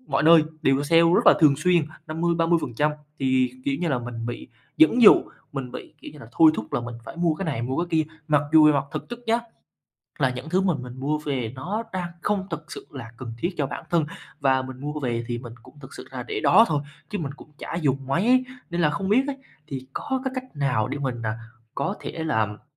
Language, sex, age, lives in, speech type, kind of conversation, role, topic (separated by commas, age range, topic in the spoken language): Vietnamese, male, 20-24, Vietnam, conversation, advice, user, Làm thế nào để giảm thói quen mua sắm bốc đồng khiến bạn hối hận?